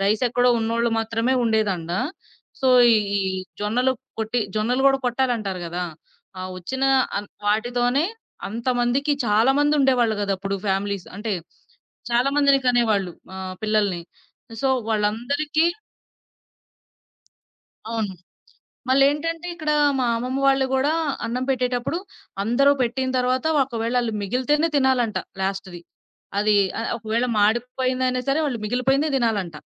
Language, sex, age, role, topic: Telugu, female, 25-29, guest, భోజనం మొదలుపెట్టేముందు మీ ఇంట్లో పాటించే ఆచారం ఏంటి?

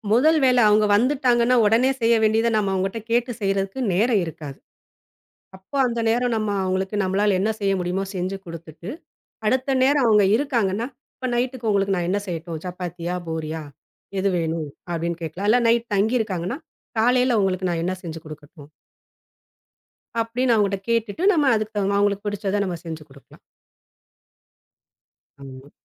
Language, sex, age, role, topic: Tamil, female, 40-44, guest, விருந்தினருக்கு சமைக்கும் போது மன அழுத்தத்தை எப்படி குறைப்பீர்கள்?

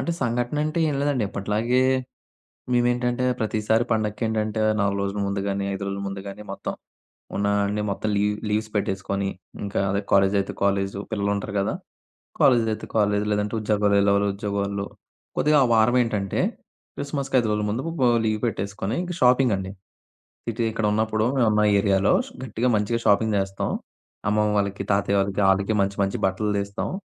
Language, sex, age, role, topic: Telugu, male, 20-24, guest, పండగలు మీకు ఎలా అనిపిస్తాయి?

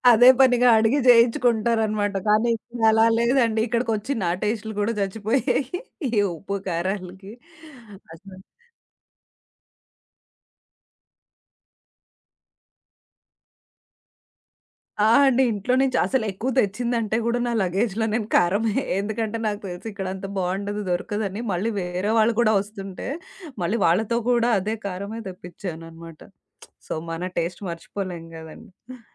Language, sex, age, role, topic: Telugu, female, 30-34, guest, మొదటిసారి మీరు ఒంటరిగా ఉన్నప్పుడు మీకు ఎలా అనిపించింది?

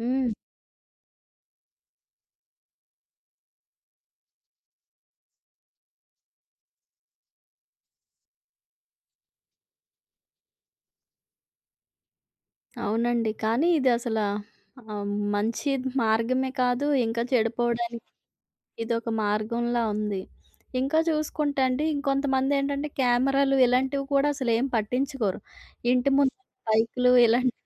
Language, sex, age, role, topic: Telugu, female, 30-34, host, నగరంలో దొంగతనం జరిగినప్పుడు మీరు ఏఏ చర్యలు తీసుకున్నారు?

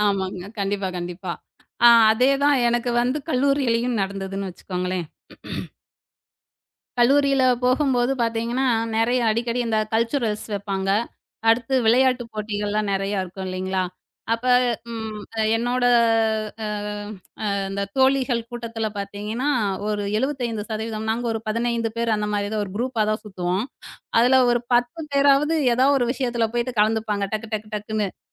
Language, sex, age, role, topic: Tamil, female, 35-39, guest, நிஜ வாழ்க்கை நட்புக்கும் இணைய நட்புக்கும் என்ன வேறுபாடு இருக்கிறது?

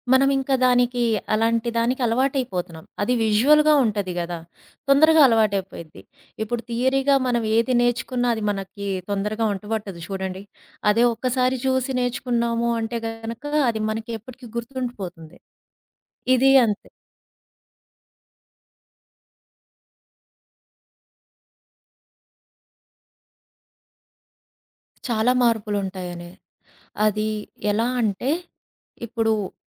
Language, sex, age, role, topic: Telugu, female, 35-39, guest, ఫోకస్ కోల్పోకుండా మొబైల్‌ను ఎలా నియంత్రిస్తారు?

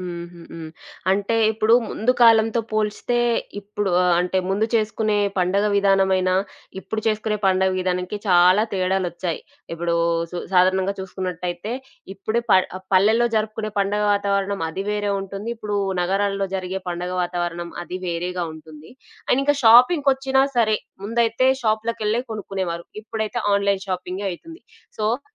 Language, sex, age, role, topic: Telugu, female, 25-29, host, పండుగల సమయంలో మీ కుటుంబం ఎలా సిద్ధమవుతుంది?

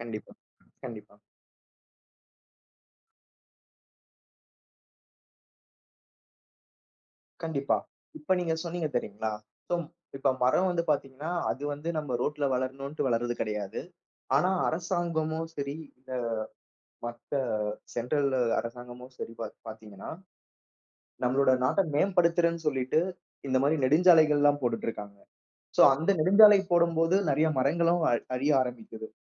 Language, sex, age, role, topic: Tamil, male, 30-34, host, நகரத்தில் மரங்கள் அதிகமாக இருந்தால் என்னென்ன பலன்கள் கிடைக்கும் என்று நீங்கள் நினைக்கிறீர்கள்?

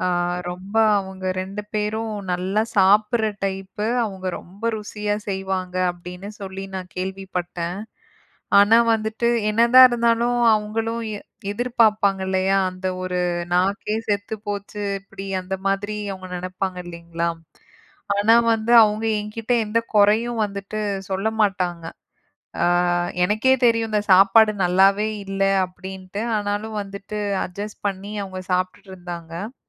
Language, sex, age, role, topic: Tamil, female, 35-39, guest, நீங்கள் வீட்டுப் பணிகளை எப்படிப் பகிர்ந்து கொள்கிறீர்கள்?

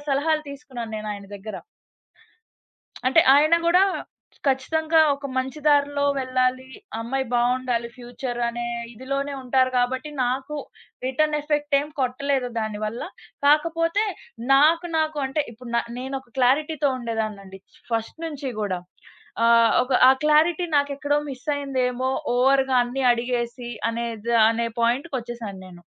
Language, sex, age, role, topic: Telugu, female, 20-24, guest, మీరు ఎవ్వరినైనా మార్గదర్శిగా ఎంచుకునేటప్పుడు ఏ అంశాలకు ప్రాధాన్యం ఇస్తారు?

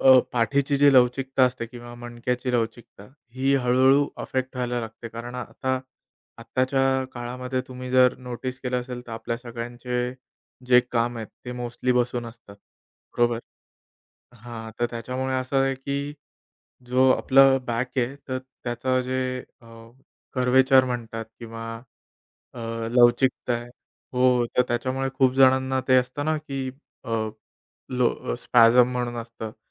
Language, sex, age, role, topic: Marathi, male, 30-34, guest, योग किंवा ध्यानाने तुमचं आयुष्य कसं बदललं?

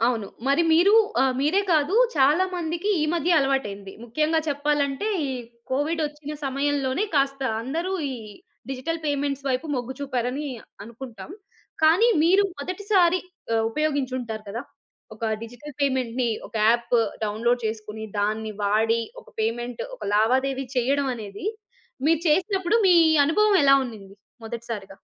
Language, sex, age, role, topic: Telugu, female, 30-34, host, డిజిటల్ చెల్లింపులు వాడటం మీ రోజువారీ అలవాటుగా ఎలా మారింది?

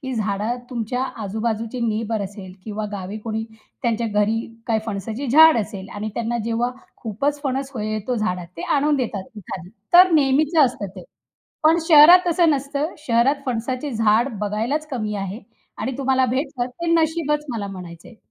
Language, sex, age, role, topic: Marathi, female, 35-39, guest, तुमच्या कुटुंबातील कोणत्या पदार्थाची खास गुप्त युक्ती काय आहे?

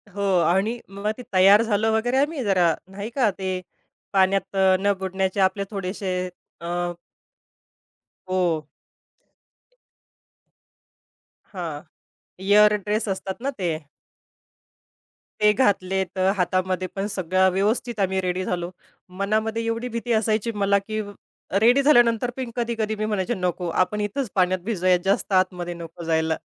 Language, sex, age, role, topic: Marathi, female, 30-34, guest, समुद्रकिनाऱ्यावरची तुमची एखादी आठवण सांगाल का?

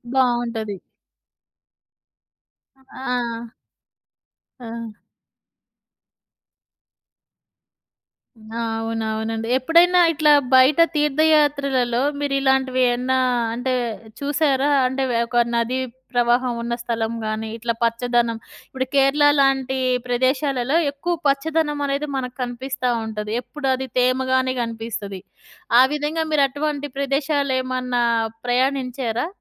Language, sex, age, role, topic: Telugu, female, 40-44, host, చిన్నప్పుడు ప్రకృతిలో నీకు గుర్తుండిపోయిన జ్ఞాపకాలు ఏవి?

